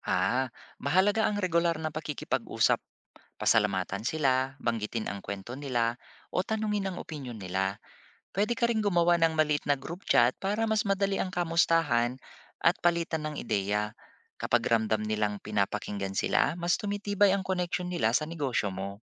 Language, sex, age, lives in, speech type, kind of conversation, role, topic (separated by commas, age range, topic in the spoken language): Filipino, male, 40-44, Philippines, read, expert-assistant, expert, Paano ba dapat magplano ng marketing campaign para sa isang bagong produkto gamit ang limitadong budget?